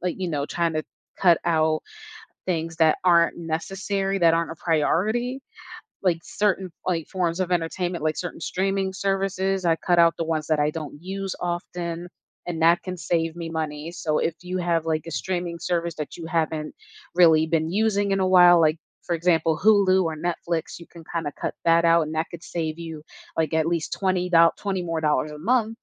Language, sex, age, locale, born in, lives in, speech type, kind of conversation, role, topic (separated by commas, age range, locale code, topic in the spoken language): English, female, 25-29, en-US, United States, United States, conversation, advice, advisor, How can I manage bills and start building an emergency fund when my monthly income is unpredictable?